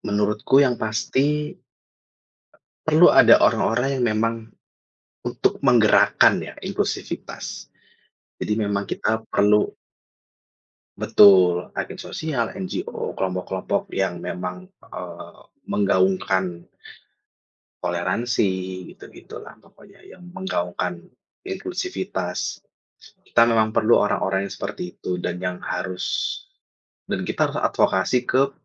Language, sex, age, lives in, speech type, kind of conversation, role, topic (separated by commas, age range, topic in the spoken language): Indonesian, male, 30-34, Indonesia, conversation, unstructured, none, Apa yang kamu rasakan ketika mengalami diskriminasi berdasarkan agama?